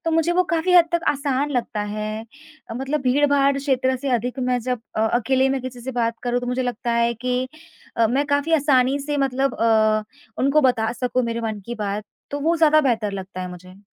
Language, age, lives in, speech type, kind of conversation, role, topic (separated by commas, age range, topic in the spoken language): Hindi, 25-29, India, conversation, advice, user, भीड़ में नए लोगों से बात करते समय मेरा आत्मविश्वास क्यों कम हो जाता है?